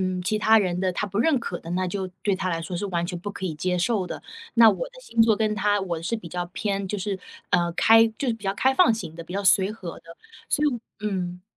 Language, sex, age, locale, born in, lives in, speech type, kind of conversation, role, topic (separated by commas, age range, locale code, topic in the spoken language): Chinese, female, 30-34, zh-CN, China, United States, conversation, advice, user, 我该如何在恋爱关系中设立并维护健康的界限？